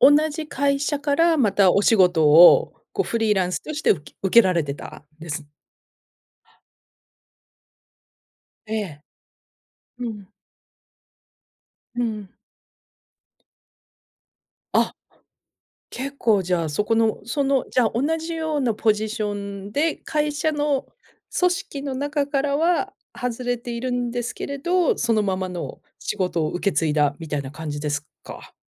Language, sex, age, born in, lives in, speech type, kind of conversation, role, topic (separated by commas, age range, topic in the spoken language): Japanese, female, 50-54, Japan, United States, conversation, advice, advisor, 仕事で実力不足かもと感じるインポスター症候群について、どのように感じていますか？